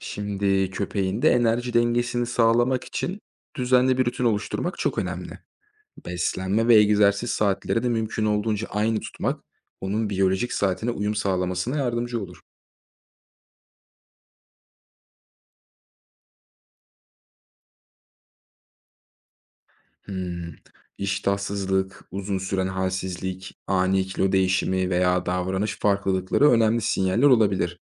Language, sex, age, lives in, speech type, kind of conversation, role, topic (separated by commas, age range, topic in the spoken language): Turkish, male, 25-29, Germany, read, expert-assistant, expert, Evcil hayvanımın yaşam kalitesini artırmak için beslenme, egzersiz ve sağlık kontrolleri arasında nasıl denge kurabilirim?